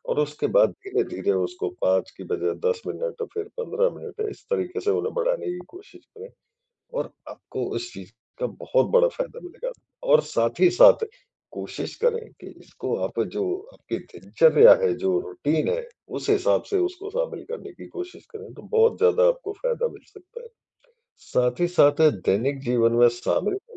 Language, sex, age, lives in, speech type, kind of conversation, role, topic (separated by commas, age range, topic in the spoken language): Hindi, male, 40-44, India, conversation, advice, advisor, गहरी साँस लेने के अभ्यास से मुझे क्या लाभ हो सकते हैं?